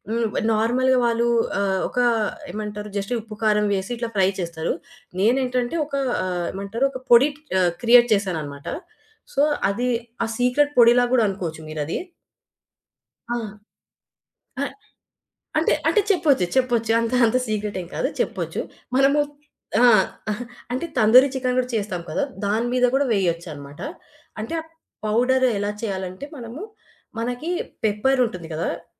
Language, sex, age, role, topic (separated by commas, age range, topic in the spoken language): Telugu, female, 25-29, guest, ఒక వంటకాన్ని మీ రుచికి అనుగుణంగా ఎలా మార్చుకుంటారు?